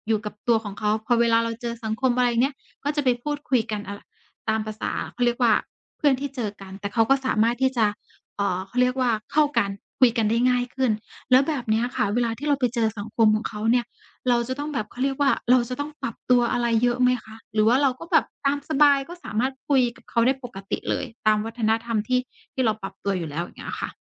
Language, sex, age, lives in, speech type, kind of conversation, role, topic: Thai, female, 35-39, Thailand, conversation, podcast, host, อะไรคือความท้าทายที่สุดของการใช้ชีวิตระหว่างสองวัฒนธรรม?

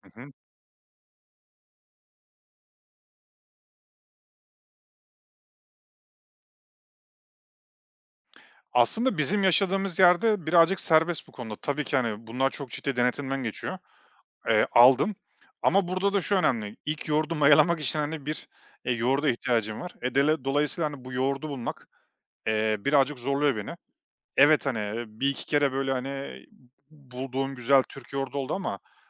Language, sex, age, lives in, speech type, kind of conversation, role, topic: Turkish, male, 35-39, Estonia, conversation, podcast, guest, Aile içinde kuşaktan kuşağa aktarılan özel yemek tarifleri başkalarıyla nasıl paylaşılmalı?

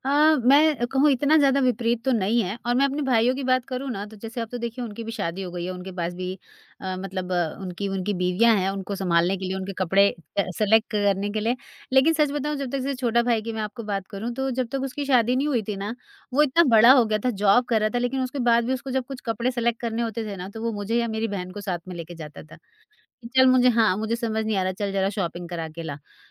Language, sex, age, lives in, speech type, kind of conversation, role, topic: Hindi, female, 40-44, India, conversation, podcast, guest, भाई-बहनों के साथ आपका रिश्ता कैसा रहा है?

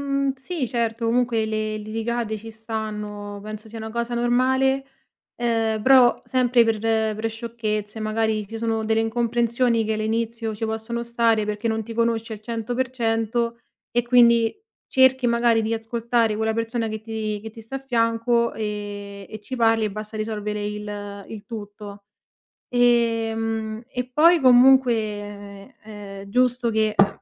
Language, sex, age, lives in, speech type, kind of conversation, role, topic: Italian, female, 25-29, Italy, conversation, podcast, guest, Raccontami di un incontro sentimentale nato per caso?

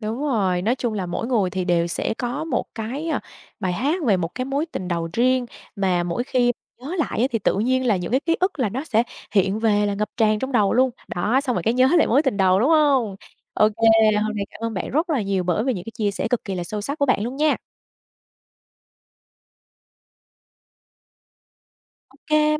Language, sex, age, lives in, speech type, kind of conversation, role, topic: Vietnamese, female, 25-29, Vietnam, conversation, podcast, host, Âm nhạc nào khiến bạn nhớ lại mối tình đầu tiên?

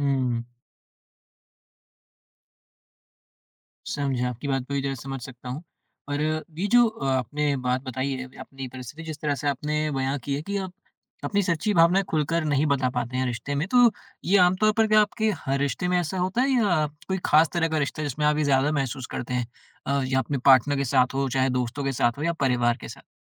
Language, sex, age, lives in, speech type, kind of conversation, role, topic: Hindi, male, 20-24, India, conversation, advice, advisor, आप रिश्ते में अपनी सच्ची भावनाएँ खुलकर क्यों नहीं बता पा रहे हैं?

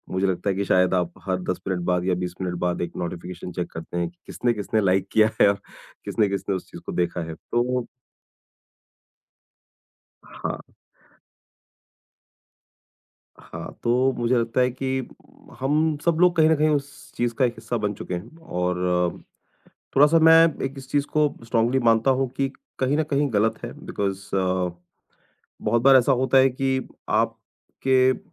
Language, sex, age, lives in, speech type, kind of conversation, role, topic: Hindi, male, 40-44, India, conversation, podcast, guest, Social media par likes turant chahiye hote hain ya aap real-life rishton par zyada dhyaan dete hain?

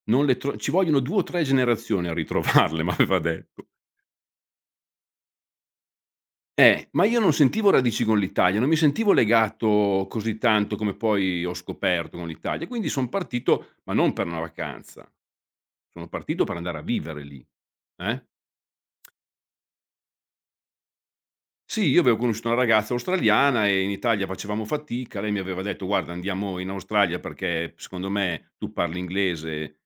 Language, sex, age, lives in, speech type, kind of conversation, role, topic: Italian, male, 55-59, Italy, conversation, podcast, guest, Puoi raccontarmi un momento in cui la comunità ti ha aiutato?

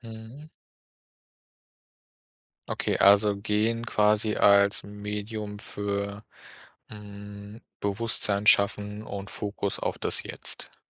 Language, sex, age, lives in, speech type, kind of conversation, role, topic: German, male, 30-34, Germany, conversation, podcast, host, Was sind deine besten Tipps fürs achtsame Gehen?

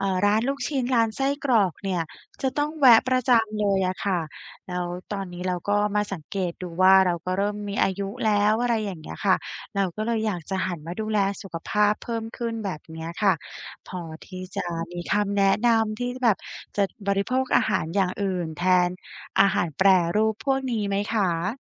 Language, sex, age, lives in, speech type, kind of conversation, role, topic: Thai, female, 35-39, Thailand, conversation, advice, user, ฉันควรเริ่มลดการบริโภคอาหารแปรรูปอย่างไร?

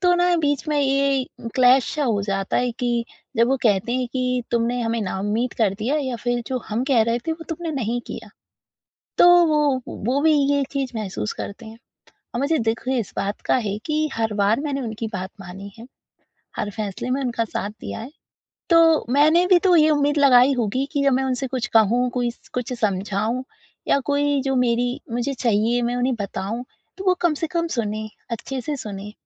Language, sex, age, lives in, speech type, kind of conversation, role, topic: Hindi, female, 20-24, India, conversation, advice, user, मैं टूटे रिश्ते और खोई हुई उम्मीदों का शोक कैसे संभालूँ?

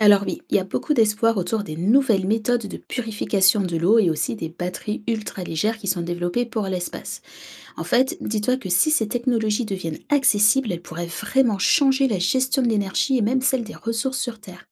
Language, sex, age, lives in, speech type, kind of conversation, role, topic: French, female, 30-34, France, read, expert-assistant, expert, Beaucoup pensent que l’espace est totalement vide. Est-ce une réalité ou un mythe ?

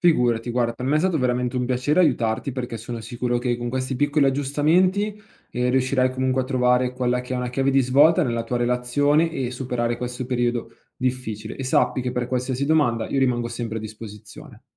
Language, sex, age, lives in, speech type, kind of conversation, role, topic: Italian, male, 25-29, Italy, conversation, advice, advisor, Come posso affrontare la gelosia che sta rovinando la mia relazione?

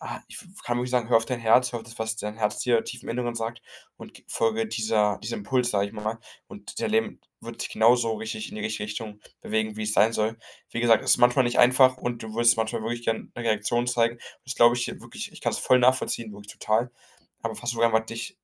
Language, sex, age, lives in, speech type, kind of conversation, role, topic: German, male, 18-19, Germany, conversation, advice, advisor, Wie kann ich mit dem Druck aus Familie und Umfeld umgehen, wenn bestimmte Rollen von mir erwartet werden?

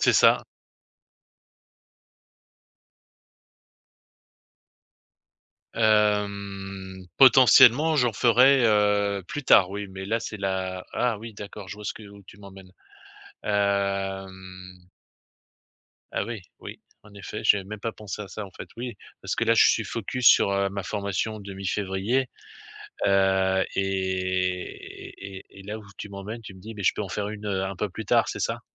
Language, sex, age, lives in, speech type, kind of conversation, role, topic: French, male, 50-54, France, conversation, advice, user, Comment puis-je organiser mes idées sans perdre ma créativité quand je me sens submergé ?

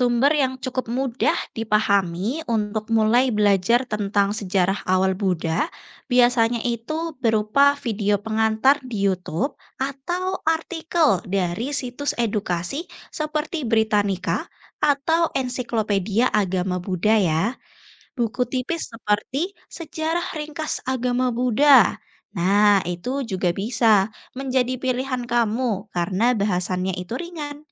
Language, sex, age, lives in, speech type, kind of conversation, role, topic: Indonesian, female, 20-24, Indonesia, read, expert-assistant, expert, Bagaimana cara efektif belajar sejarah agama-agama besar tanpa kebingungan?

